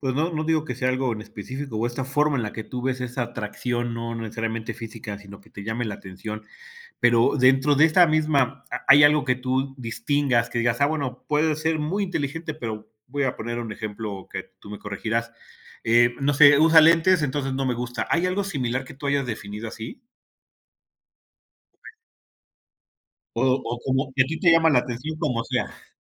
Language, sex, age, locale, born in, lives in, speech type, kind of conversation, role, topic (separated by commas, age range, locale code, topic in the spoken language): Spanish, male, 45-49, es-MX, Mexico, Mexico, conversation, podcast, host, ¿Qué buscas cuando eliges pareja?